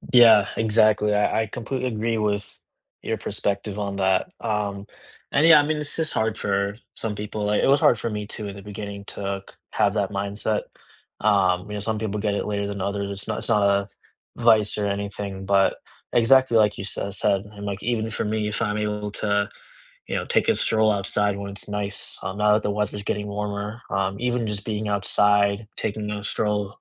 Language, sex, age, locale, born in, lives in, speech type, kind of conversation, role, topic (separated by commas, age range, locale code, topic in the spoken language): English, male, 25-29, en-US, India, United States, conversation, unstructured, none, What was the last small joy that brightened your week?